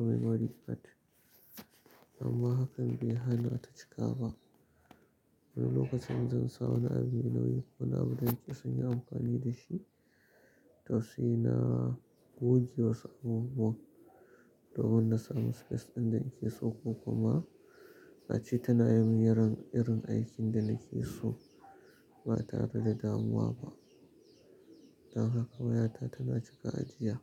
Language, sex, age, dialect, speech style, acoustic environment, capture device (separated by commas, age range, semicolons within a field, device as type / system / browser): Hausa, male, 35-44, Nigeria - Kano, happy, silence, mobile / Linux / Chrome